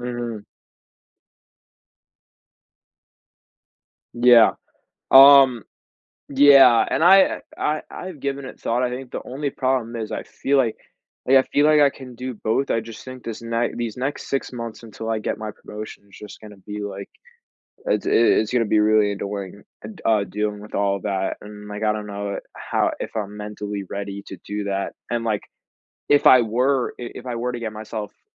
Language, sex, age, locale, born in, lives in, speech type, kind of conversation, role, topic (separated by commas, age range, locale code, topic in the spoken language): English, male, 18-19, en-US, United States, United States, conversation, advice, user, How can I better balance my work responsibilities with my personal and family life?